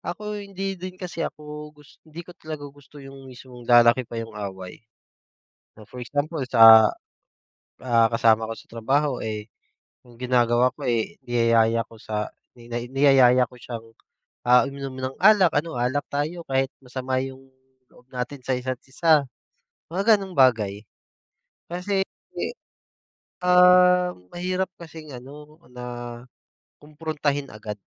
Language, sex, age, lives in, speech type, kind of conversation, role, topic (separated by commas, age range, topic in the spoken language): Filipino, male, 30-34, Philippines, conversation, unstructured, none, Paano mo hinaharap ang hindi pagkakaunawaan sa trabaho?